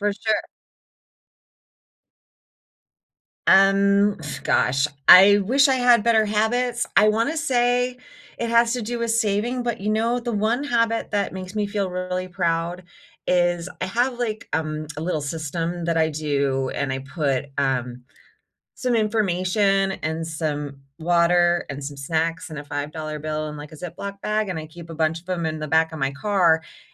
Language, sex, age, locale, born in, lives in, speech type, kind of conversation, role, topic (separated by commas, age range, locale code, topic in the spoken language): English, female, 45-49, en-US, United States, United States, conversation, unstructured, none, What is one money habit that makes you feel proud?